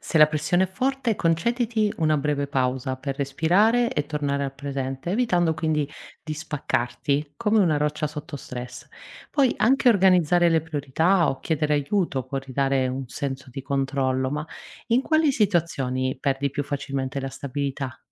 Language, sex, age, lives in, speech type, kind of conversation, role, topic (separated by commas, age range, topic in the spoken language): Italian, female, 40-44, Italy, read, expert-assistant, expert, Cosa posso imparare dalle rocce su come affrontare le difficoltà nella vita di tutti i giorni?